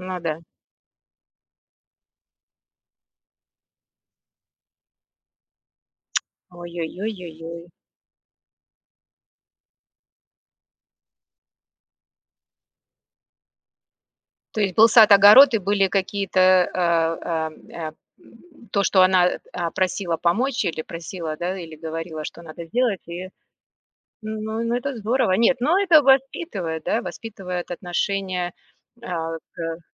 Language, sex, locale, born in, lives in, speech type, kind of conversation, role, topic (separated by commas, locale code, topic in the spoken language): Russian, female, ru-RU, Russia, United States, conversation, podcast, host, Как вы выражаете уважение к старшим в вашей семье?